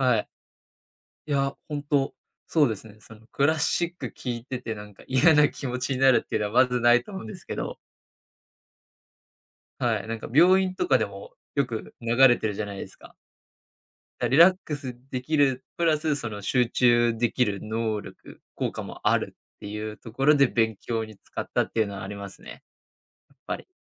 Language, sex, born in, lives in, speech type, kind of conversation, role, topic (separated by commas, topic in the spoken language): Japanese, male, Japan, Japan, conversation, podcast, guest, 子どものころと比べて、音楽の好みはどのように変わりましたか？